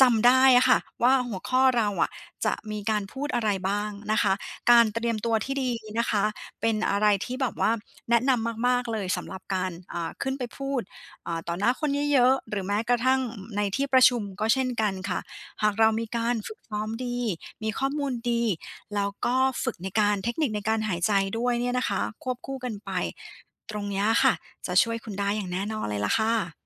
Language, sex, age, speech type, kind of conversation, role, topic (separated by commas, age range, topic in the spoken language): Thai, female, 40-44, conversation, advice, advisor, จะควบคุมความประหม่าเวลา พูดต่อหน้าคนจำนวนมากได้อย่างไร?